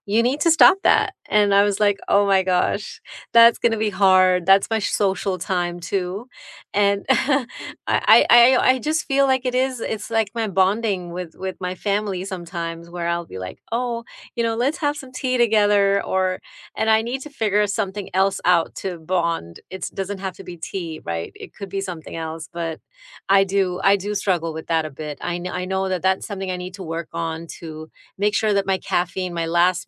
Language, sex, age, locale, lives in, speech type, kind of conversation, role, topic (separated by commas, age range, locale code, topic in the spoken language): English, female, 50-54, en-US, United States, conversation, unstructured, none, What simple sleep habits have truly helped you lately, and how did you discover them?